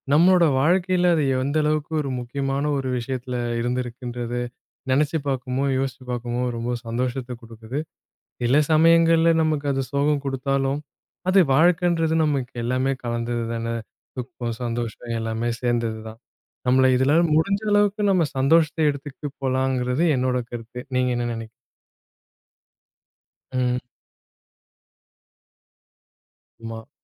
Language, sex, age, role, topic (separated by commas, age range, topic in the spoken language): Tamil, male, 30-34, host, அப்பா-அம்மாவின் வீட்டை நினைவுபடுத்தும் பாடல் என்ன?